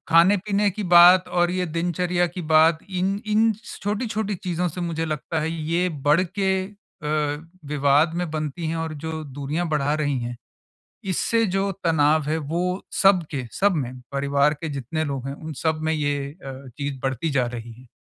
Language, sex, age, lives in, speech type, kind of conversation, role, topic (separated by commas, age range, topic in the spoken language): Hindi, male, 45-49, India, conversation, advice, user, हम पालन-पोषण से जुड़े फैसलों पर माता-पिता के बीच संवाद कैसे बेहतर बना सकते हैं?